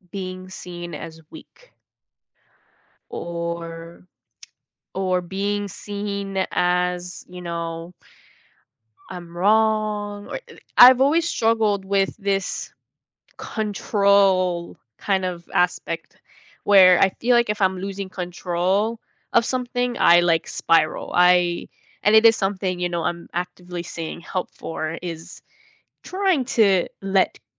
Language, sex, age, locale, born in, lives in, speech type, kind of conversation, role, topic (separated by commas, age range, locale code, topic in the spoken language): English, female, 18-19, en-US, United States, United States, conversation, podcast, guest, What influences people to keep certain aspects of themselves private?